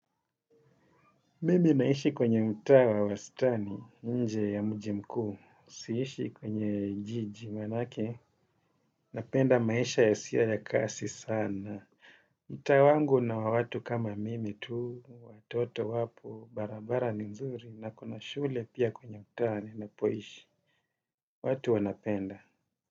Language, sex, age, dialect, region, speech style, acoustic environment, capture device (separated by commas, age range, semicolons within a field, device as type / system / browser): Swahili, male, 35-44, Kenya - Mombasa, Kenya, happy; focused; relaxed, nature; silence, desktop / Windows / Chrome